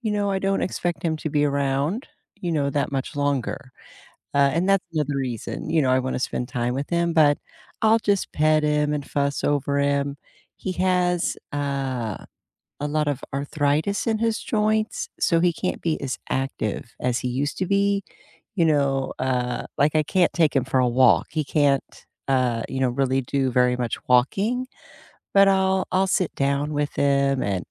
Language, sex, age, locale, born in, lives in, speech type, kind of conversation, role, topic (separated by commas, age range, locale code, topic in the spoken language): English, female, 55-59, en-US, United States, United States, conversation, unstructured, none, What personal rituals help you recharge after a long, draining day, and why do they work?